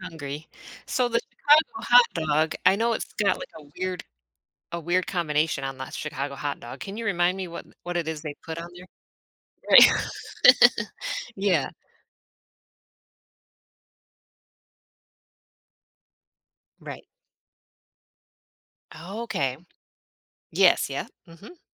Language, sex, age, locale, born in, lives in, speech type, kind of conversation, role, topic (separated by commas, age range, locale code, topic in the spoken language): English, female, 40-44, en-US, United States, United States, conversation, podcast, host, Why do certain foods bring us comfort and nostalgia?